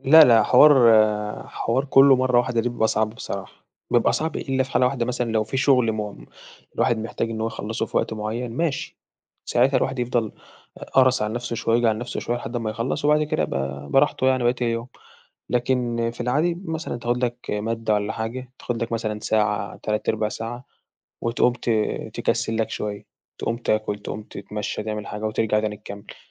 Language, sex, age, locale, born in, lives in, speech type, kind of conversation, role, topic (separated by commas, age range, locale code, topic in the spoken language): Arabic, male, 18-19, ar-EG, Egypt, Egypt, conversation, podcast, guest, إزاي بتنظّم وقتك بين الدراسة وحياتك؟